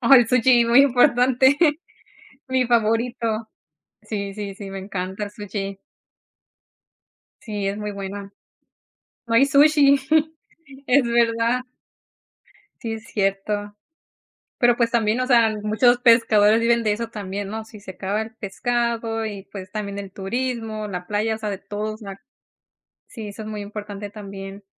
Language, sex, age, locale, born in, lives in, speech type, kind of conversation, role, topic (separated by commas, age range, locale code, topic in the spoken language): Spanish, female, 30-34, es-MX, Mexico, United States, conversation, unstructured, none, ¿Por qué es fundamental proteger los océanos?